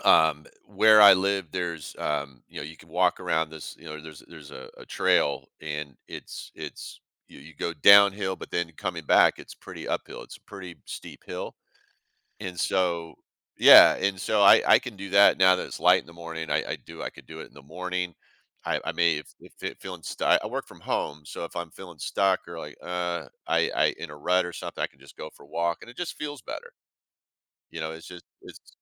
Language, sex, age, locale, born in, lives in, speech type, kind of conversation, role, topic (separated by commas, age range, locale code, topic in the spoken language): English, male, 55-59, en-US, United States, United States, conversation, podcast, guest, In what ways has your hobby made a positive impact on your well-being?